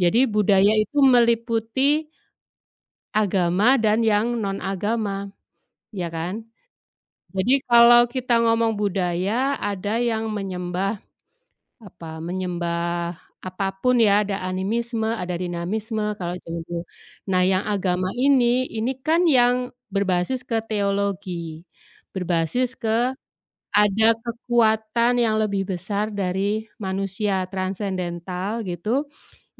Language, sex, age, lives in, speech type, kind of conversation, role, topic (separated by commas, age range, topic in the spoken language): Indonesian, female, 45-49, Indonesia, conversation, unstructured, none, Bagaimana agama dan budaya saling memengaruhi satu sama lain?